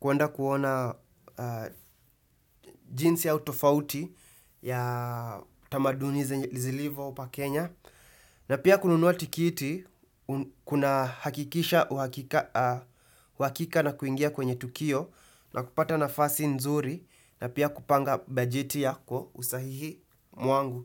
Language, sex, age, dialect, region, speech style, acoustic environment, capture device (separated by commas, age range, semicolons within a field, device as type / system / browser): Swahili, male, 18-24, Kenya - Nairobi Sheng-influenced, Kenya, happy, silence, desktop / Windows / Chrome